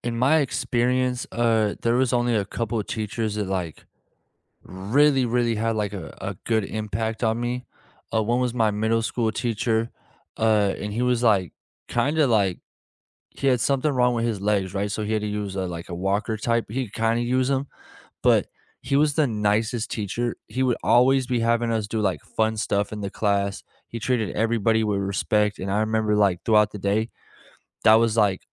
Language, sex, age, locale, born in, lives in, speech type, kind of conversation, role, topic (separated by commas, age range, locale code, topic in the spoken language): English, male, 25-29, en-US, United States, United States, conversation, unstructured, none, What small acts of care from teachers—like remembering your name, leaving a quick note, or checking in—shaped your experience?